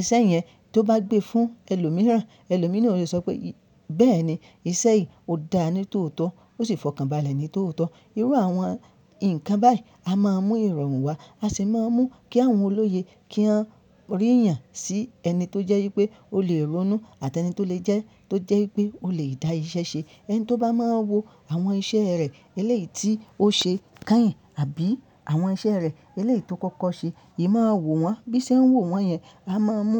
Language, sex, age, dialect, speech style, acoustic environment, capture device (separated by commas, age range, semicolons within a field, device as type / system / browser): Yoruba, male, 25-34, Nigeria - Ibadan, happy; focused, nature, mobile / Linux / Chrome